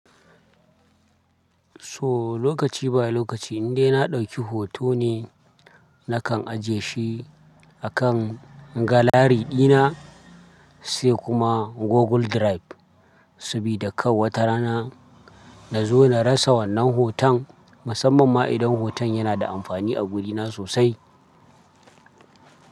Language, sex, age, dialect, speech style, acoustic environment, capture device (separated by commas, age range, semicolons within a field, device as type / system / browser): Hausa, male, 25-34, Nigeria - Kano, happy, people talking, desktop / Linux / Chrome